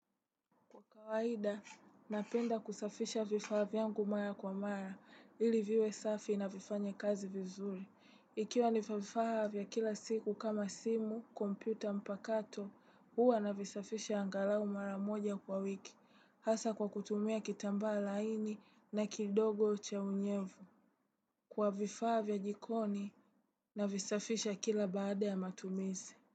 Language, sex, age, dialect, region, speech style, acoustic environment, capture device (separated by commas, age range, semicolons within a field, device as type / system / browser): Swahili, female, 18-24, Tanzania - Dar es Salaam, Tanzania, tired; relaxed, appliances, desktop / Windows / Chrome